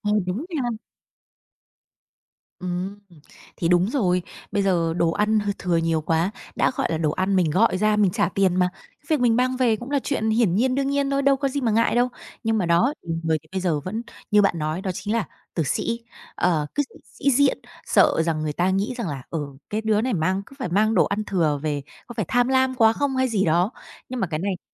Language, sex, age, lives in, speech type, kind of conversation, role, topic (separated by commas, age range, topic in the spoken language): Vietnamese, female, 30-34, Vietnam, conversation, podcast, host, Bạn thường chọn món như thế nào khi đi ăn ngoài?